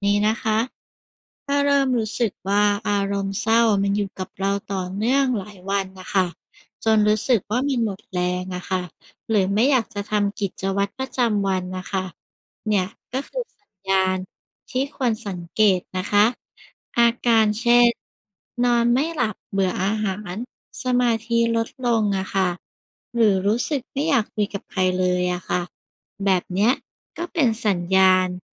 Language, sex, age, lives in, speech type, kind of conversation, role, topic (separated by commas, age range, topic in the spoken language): Thai, female, 35-39, Thailand, read, expert-assistant, expert, ทำไมเวลาคิดถึงอดีตถึงรู้สึกเศร้า